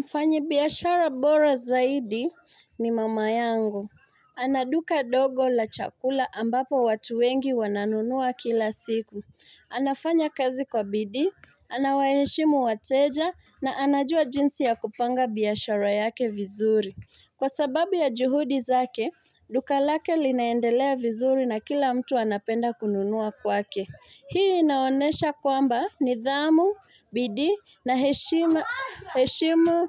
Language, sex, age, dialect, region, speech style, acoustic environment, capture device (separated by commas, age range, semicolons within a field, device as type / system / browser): Swahili, female, 25-34, Kenya - Mombasa, Kenya, happy, silence, mobile / Linux / Chrome